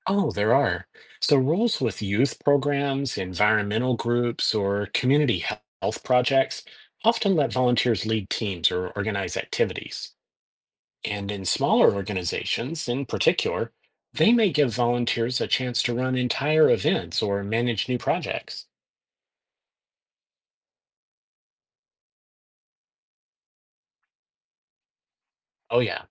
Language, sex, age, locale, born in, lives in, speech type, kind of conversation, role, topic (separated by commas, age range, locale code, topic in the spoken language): English, male, 50-54, en-US, United States, United States, read, expert-assistant, expert, How does volunteering benefit individuals and society?